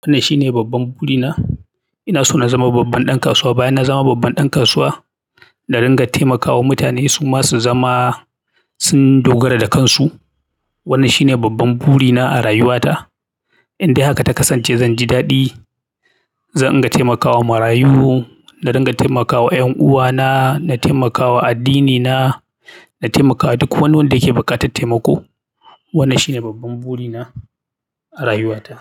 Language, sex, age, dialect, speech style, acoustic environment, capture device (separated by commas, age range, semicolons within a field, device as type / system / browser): Hausa, male, 35-44, Nigeria - Kano, happy; anxious; excited; focused, silence, mobile / Linux / Chrome